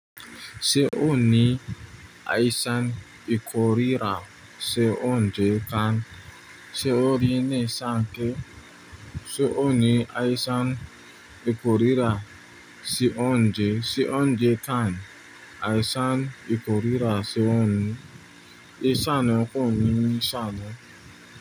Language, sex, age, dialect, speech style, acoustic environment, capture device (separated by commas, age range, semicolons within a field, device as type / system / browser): Yoruba, male, 25-34, Nigeria - Nigerian Standard, happy, people talking; nature; silence; appliances, mobile / Linux / Chrome